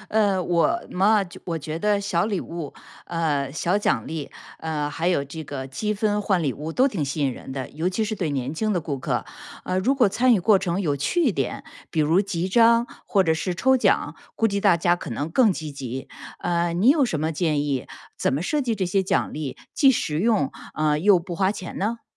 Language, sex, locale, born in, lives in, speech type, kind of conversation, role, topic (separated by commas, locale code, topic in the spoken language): Chinese, female, zh-CN, China, United States, read, expert-assistant, asker, 如果我想让我的小农场变得更环保，应该怎么一步一步规划？